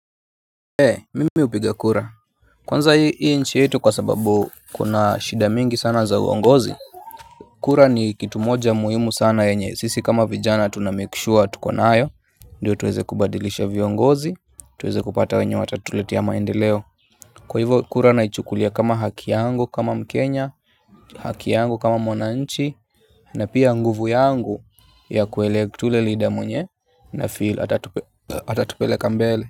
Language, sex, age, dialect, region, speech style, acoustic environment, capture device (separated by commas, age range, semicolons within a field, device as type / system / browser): Swahili, male, 25-34, Kenya - Nairobi Sheng-influenced, Kenya, happy; relaxed, nature, mobile / Linux / Chrome